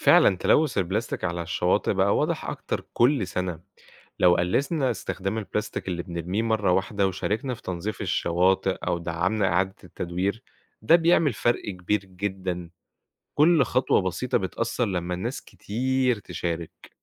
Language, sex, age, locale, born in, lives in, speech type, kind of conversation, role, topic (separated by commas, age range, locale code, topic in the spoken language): Arabic, male, 25-29, ar-EG, Egypt, Egypt, read, expert-assistant, expert, إيه الحلول المبتكرة اللي ممكن نعملها عشان نقلل تلوث البلاستيك في المحيطات والبحار؟